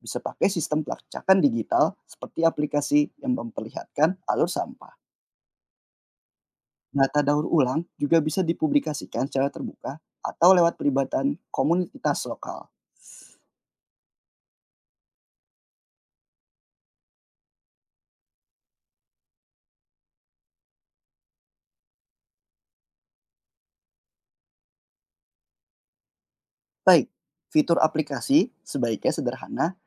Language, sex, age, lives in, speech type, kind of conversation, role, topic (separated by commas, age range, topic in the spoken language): Indonesian, male, 25-29, Indonesia, read, expert-assistant, expert, Apa solusi terbaik untuk mengatasi pencemaran plastik di laut dan bagaimana implementasinya di Indonesia?